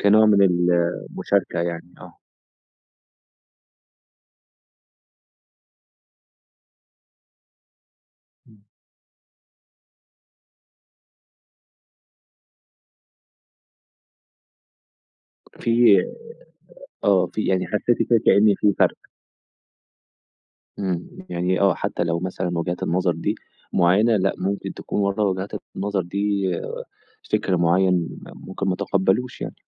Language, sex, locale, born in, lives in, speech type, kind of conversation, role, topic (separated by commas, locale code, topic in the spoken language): Arabic, male, ar-EG, Egypt, Egypt, conversation, podcast, host, ممكن تحكيلنا عن قصة صداقة مش متوقع إنك تنساها؟